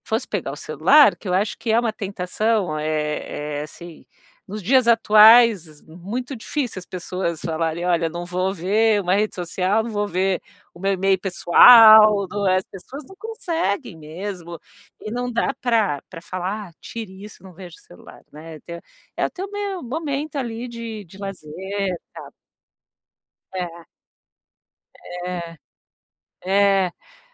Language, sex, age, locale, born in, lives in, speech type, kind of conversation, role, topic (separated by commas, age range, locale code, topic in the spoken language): Portuguese, female, 45-49, pt-BR, Brazil, United States, conversation, advice, advisor, Como posso criar uma rotina noturna calma e consistente?